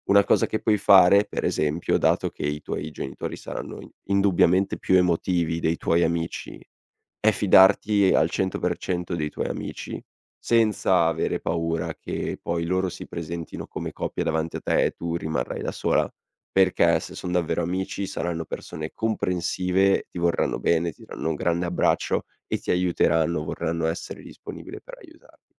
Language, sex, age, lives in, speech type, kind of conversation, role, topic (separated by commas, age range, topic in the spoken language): Italian, male, 18-19, Italy, conversation, advice, advisor, Come posso comunicare la rottura ad amici e familiari?